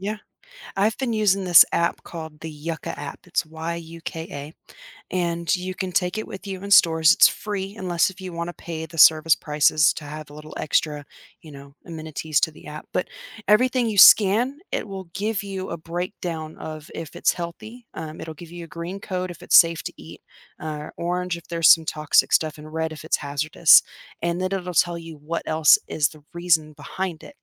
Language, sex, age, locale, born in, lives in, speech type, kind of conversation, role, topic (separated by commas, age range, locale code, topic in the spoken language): English, female, 30-34, en-US, United States, United States, conversation, podcast, guest, How does connecting with nature shape our well-being and lifestyle?